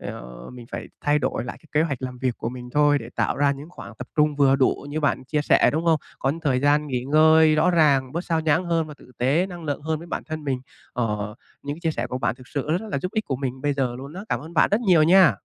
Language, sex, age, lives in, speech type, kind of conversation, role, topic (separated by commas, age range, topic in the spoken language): Vietnamese, male, 25-29, Vietnam, conversation, advice, user, Làm sao tôi có thể giảm xao nhãng khi cần tập trung?